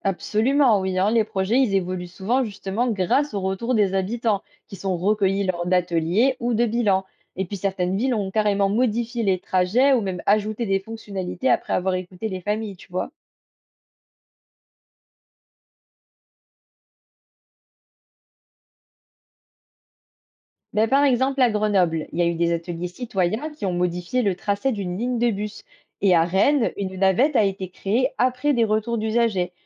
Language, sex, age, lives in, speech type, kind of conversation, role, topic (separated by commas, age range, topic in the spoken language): French, female, 20-24, France, read, expert-assistant, expert, Comment organiser un partenariat entre deux villes voisines pour améliorer les transports publics ?